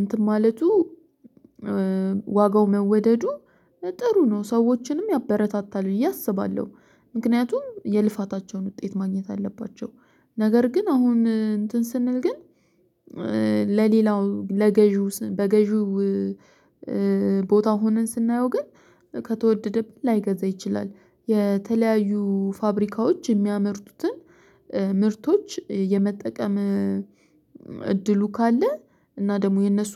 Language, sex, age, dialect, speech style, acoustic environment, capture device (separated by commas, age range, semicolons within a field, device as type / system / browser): Amharic, female, 25-34, Ethiopia - Addis Ababa, focused, silence, mobile / Linux / Chrome